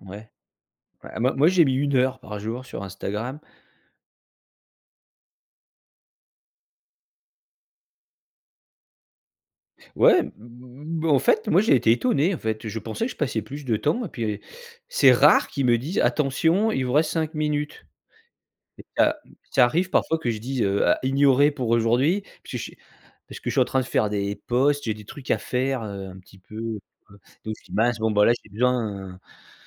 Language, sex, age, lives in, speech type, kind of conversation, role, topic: French, male, 45-49, France, conversation, podcast, host, Comment prends-tu soin de ta santé mentale face aux réseaux sociaux ?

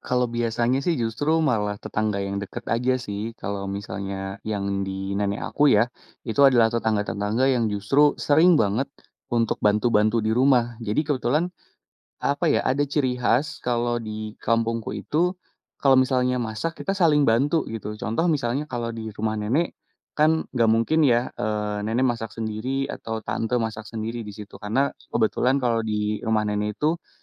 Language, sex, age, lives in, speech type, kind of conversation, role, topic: Indonesian, male, 30-34, Indonesia, conversation, podcast, guest, Bagaimana tradisi makan saat perayaan besar di kampung halamanmu?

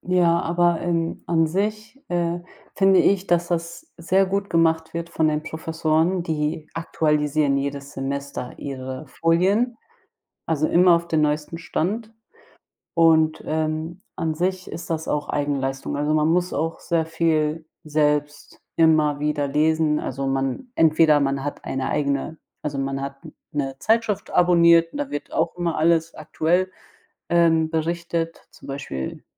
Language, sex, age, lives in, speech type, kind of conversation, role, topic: German, female, 30-34, Germany, conversation, unstructured, none, Sollte die Schule stärker auf praktische Fähigkeiten setzen?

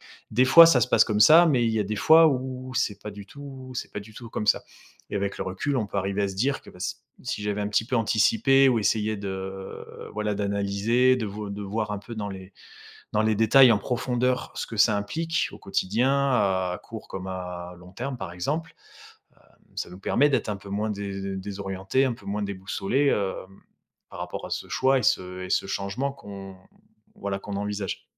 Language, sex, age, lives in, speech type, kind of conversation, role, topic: French, male, 35-39, France, conversation, advice, advisor, Comment puis-je évaluer le coût émotionnel de mes choix pour éviter de retomber dans de mauvaises habitudes ?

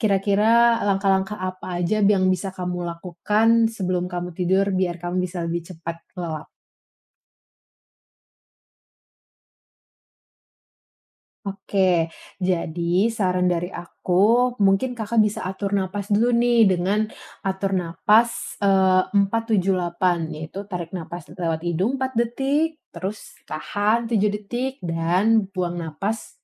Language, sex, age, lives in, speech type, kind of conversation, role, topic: Indonesian, female, 20-24, Indonesia, conversation, advice, advisor, Bagaimana pikiran yang berulang membuat Anda sulit tidur?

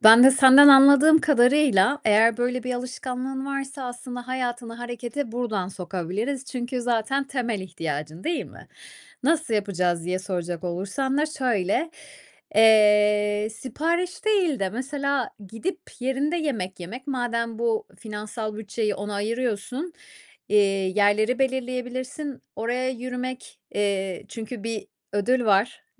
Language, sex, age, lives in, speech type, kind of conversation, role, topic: Turkish, female, 30-34, Spain, conversation, advice, advisor, Yatmadan önce ekran başında çok zaman geçiriyorum; bu durum uykumu ve konsantrasyonumu nasıl etkiliyor?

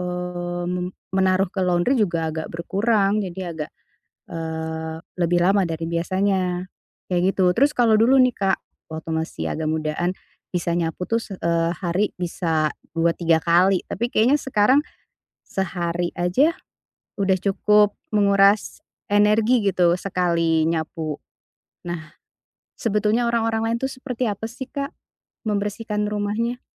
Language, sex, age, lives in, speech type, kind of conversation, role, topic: Indonesian, female, 40-44, Indonesia, conversation, advice, user, Bagaimana cara mempertahankan kebiasaan rapi dalam jangka panjang?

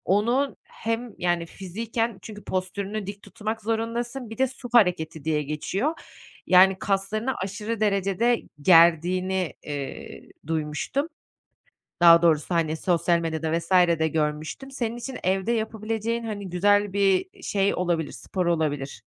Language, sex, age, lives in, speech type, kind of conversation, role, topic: Turkish, female, 30-34, Netherlands, conversation, advice, advisor, Kısa molalarla iş gününde nasıl daha fazla hareket edebilirim?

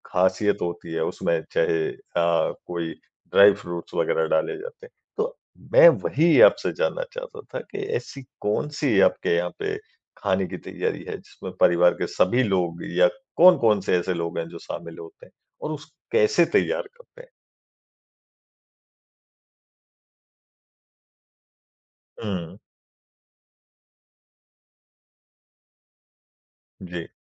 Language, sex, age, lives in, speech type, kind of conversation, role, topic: Hindi, male, 40-44, India, conversation, podcast, host, त्यौहारों में खाने की कौन-सी परंपरा आपको सबसे प्यारी लगती है?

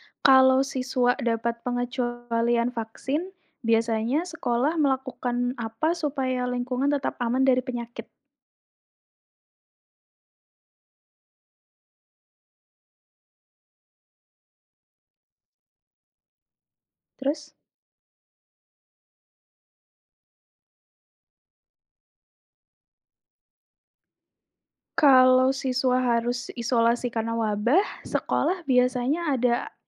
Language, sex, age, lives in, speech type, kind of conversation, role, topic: Indonesian, female, 30-34, Indonesia, read, expert-assistant, asker, Bagaimana perasaanmu tentang aturan wajib vaksinasi? Apakah itu adil untuk semua orang?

